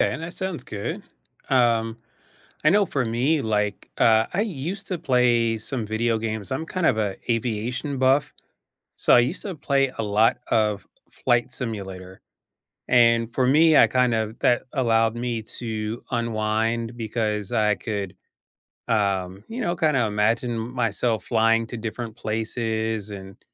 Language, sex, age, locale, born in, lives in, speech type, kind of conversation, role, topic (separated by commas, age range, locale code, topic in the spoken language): English, male, 50-54, en-US, United States, United States, conversation, unstructured, none, How do you unwind after a long day?